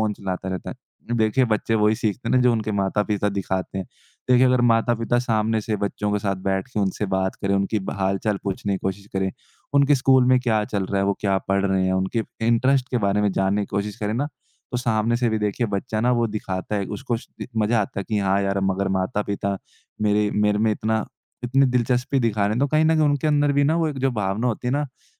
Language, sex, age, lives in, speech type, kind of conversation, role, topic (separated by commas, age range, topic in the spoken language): Hindi, male, 20-24, India, conversation, podcast, guest, बच्चों के स्क्रीन टाइम पर आप किस तरह फैसले लेते हैं?